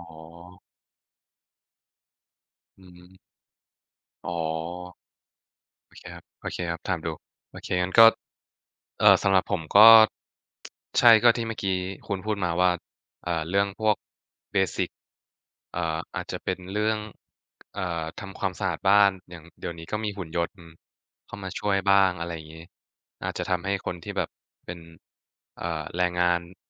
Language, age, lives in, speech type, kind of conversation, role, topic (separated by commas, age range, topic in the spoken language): Thai, 20-24, Thailand, conversation, unstructured, none, ถ้าเทคโนโลยีทำให้คนว่างงานมากขึ้น คุณคิดว่าเราควรแก้ปัญหานี้อย่างไร?